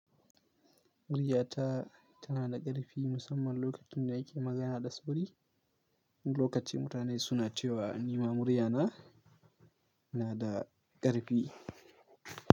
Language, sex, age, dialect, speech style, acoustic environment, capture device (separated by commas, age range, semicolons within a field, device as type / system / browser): Hausa, male, 18-24, Nigeria - Kano, focused, nature; silence, mobile / Linux / Chrome